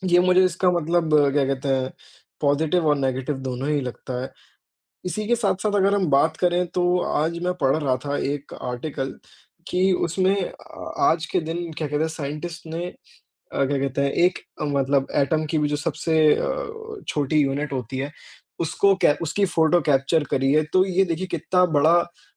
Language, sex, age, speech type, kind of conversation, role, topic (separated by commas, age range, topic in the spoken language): Hindi, male, 20-24, conversation, unstructured, none, कौन-सी नई तकनीक ने आपको सबसे ज़्यादा चौंकाया है?